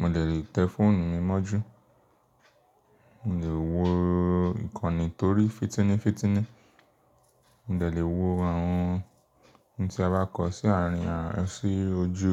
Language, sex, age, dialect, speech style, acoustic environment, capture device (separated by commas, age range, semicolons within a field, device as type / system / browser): Yoruba, male, 25-34, Nigeria - Lagos Yoruba, relaxed, silence, mobile / Linux / Chrome